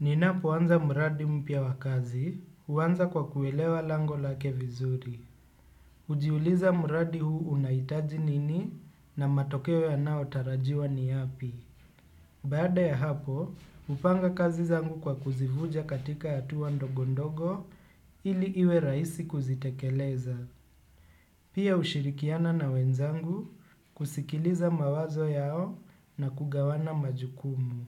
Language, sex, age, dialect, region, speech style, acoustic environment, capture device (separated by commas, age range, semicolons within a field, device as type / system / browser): Swahili, male, 25-34, Kenya - Nairobi Sheng-influenced, Kenya, relaxed, nature; appliances, desktop / Windows / Chrome